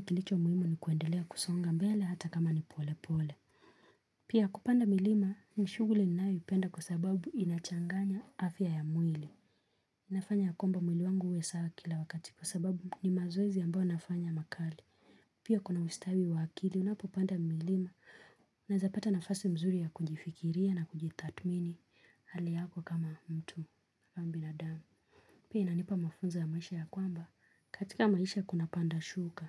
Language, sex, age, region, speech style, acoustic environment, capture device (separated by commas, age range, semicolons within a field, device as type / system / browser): Swahili, female, 25-34, Kenya, relaxed, silence, mobile / Linux / Chrome